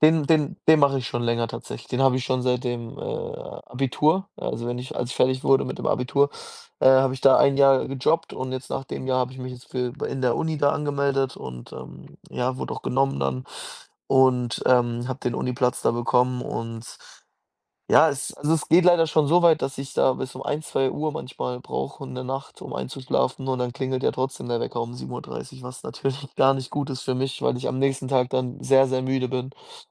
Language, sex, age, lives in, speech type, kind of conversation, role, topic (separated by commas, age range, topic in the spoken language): German, male, 20-24, Germany, conversation, advice, user, Wie kann ich mit Einschlafproblemen durch anhaltenden Stress oder Sorgen besser umgehen?